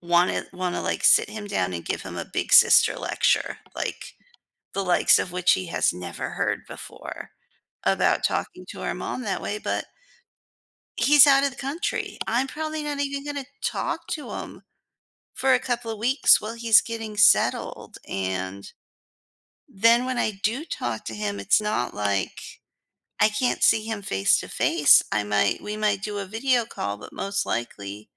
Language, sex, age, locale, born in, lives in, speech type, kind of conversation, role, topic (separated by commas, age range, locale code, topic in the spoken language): English, female, 40-44, en-US, United States, United States, conversation, advice, user, How can I set boundaries with a family member to reduce conflict?